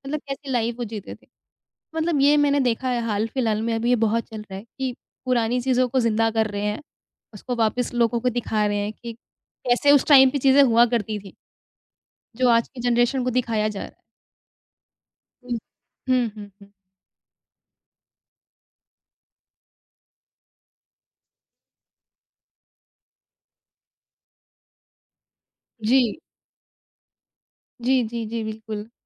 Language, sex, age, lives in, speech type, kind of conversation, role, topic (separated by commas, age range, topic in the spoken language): Hindi, female, 25-29, India, conversation, podcast, guest, Youth aur parents ke entertainment taste mein tumhe sabse bade farq kya nazar aate hain?